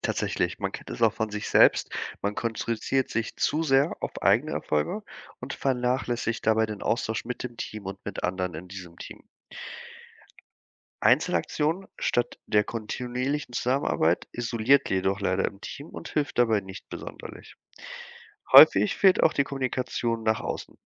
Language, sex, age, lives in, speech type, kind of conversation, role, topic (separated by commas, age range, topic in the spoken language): German, male, 25-29, Germany, read, expert-assistant, expert, Warum gibt es in jeder Gesellschaft bestimmte Gruppen, die mehr Einfluss haben als andere?